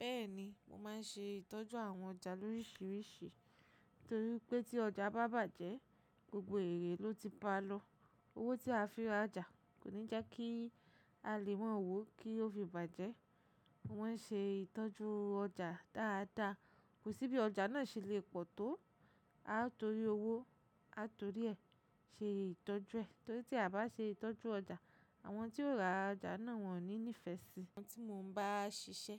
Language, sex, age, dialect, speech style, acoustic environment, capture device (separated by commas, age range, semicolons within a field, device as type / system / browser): Yoruba, female, 25-34, Nigeria - Lagos Yoruba, relaxed, silence, mobile / macOS / Safari